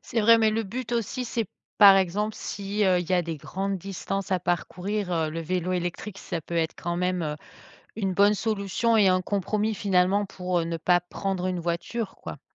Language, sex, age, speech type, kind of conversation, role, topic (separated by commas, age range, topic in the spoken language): French, female, 45-49, conversation, podcast, host, Comment le vélo peut-il vraiment changer votre vie en ville ?